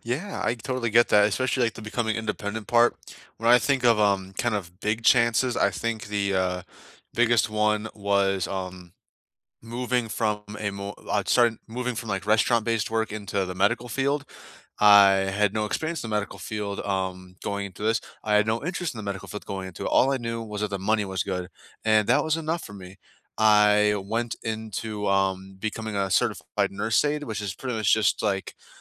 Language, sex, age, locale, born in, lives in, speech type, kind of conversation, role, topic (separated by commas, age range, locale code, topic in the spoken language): English, male, 20-24, en-US, United States, United States, conversation, unstructured, none, What small chance did you take that paid off, and how did it change you?